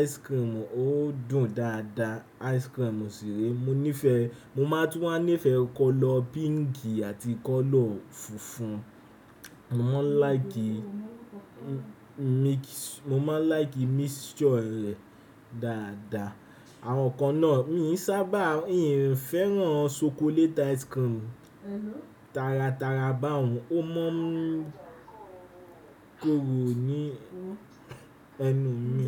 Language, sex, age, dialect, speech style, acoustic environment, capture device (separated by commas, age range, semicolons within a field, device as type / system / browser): Yoruba, male, 18-24, Nigeria - Nigerian Standard, relaxed; anxious, nature, desktop / Windows / Chrome